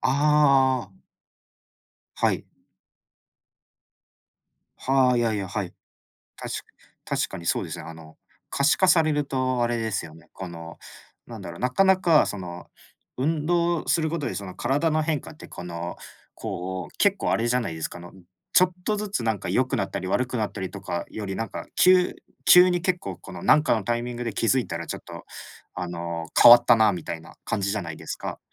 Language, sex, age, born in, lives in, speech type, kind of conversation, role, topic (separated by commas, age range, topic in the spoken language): Japanese, male, 25-29, Japan, Japan, conversation, unstructured, none, 体を動かしてみて、驚いたことはありますか？